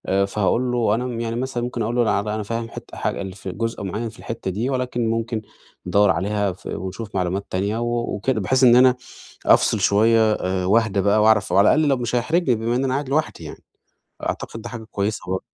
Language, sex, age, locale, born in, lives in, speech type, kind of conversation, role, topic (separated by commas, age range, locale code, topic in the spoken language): Arabic, male, 30-34, ar-EG, Egypt, Portugal, conversation, advice, user, إزاي أقدر أتكلم قدام الناس بثقة ومن غير خوف؟